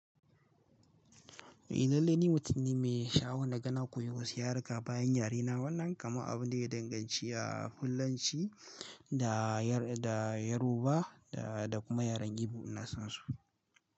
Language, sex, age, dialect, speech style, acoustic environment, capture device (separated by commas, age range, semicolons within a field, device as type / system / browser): Hausa, male, 18-24, Nigeria - Kano, relaxed; focused, nature; appliances, mobile / Linux / Chrome